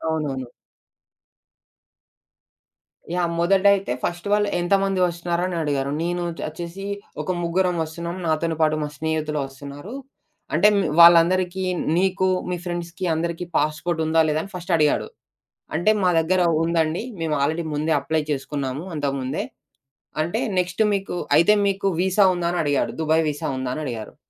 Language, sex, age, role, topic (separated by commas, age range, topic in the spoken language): Telugu, male, 20-24, guest, మీరు చూసిన అత్యంత అందమైన దృశ్యం ఏది, అది మీకు ఎందుకు ముఖ్యంగా అనిపించింది?